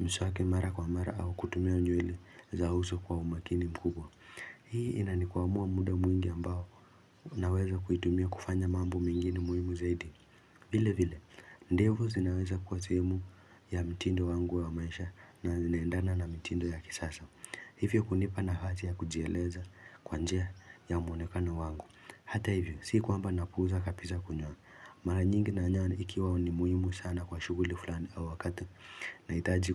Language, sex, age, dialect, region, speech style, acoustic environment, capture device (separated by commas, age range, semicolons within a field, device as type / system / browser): Swahili, male, 18-24, Kenya - Nairobi Sheng-influenced, Kenya, focused, silence, mobile / Linux / Chrome